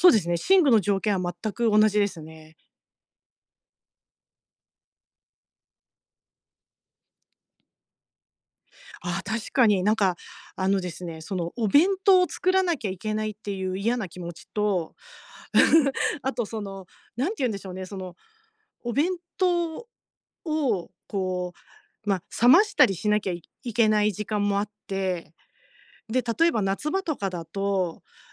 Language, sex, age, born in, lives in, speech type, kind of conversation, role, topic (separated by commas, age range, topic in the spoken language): Japanese, female, 50-54, Japan, Japan, conversation, advice, user, 睡眠の質を改善して朝すっきり起きる方法